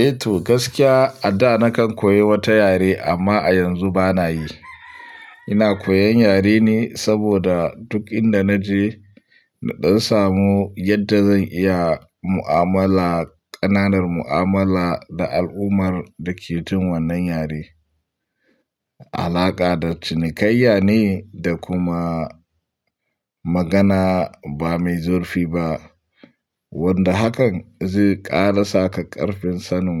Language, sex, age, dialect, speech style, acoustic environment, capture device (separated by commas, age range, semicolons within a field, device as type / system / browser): Hausa, male, 25-34, Nigeria - Pidgin-influenced, nervous; happy, silence; nature, mobile / Linux / Chrome